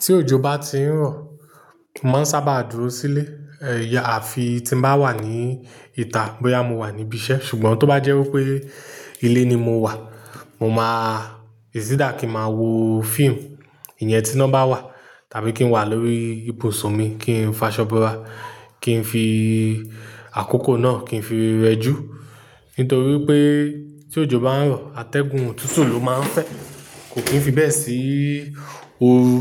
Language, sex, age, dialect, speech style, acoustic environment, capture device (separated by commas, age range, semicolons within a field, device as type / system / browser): Yoruba, male, 35-44, Nigeria - Nigerian Standard, focused, nature, mobile / Linux / Chrome